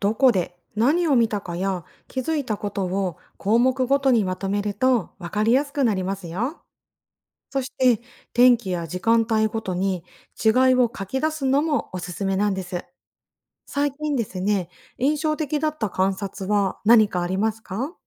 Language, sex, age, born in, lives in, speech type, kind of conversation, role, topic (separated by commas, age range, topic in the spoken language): Japanese, female, 40-44, Japan, Japan, read, expert-assistant, expert, 効率よく生態系の仕組みを理解するには、どんな観察方法や体験が役立ちますか？